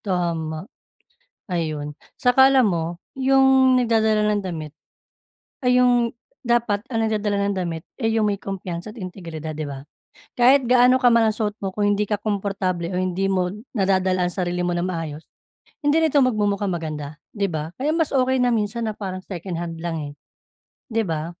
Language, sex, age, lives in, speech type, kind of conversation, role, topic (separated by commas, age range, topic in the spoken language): Filipino, female, 35-39, Philippines, conversation, advice, advisor, Paano ako makakabili ng magandang damit nang hindi gumagastos ng malaki?